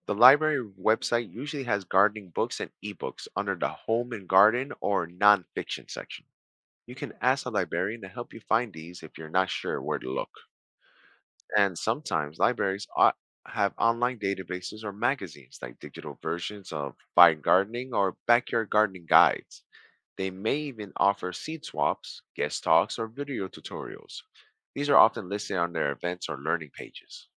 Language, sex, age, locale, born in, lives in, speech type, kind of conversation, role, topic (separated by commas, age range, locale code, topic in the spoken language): English, male, 40-44, en-US, United States, United States, read, expert-assistant, expert, How do libraries support lifelong learning?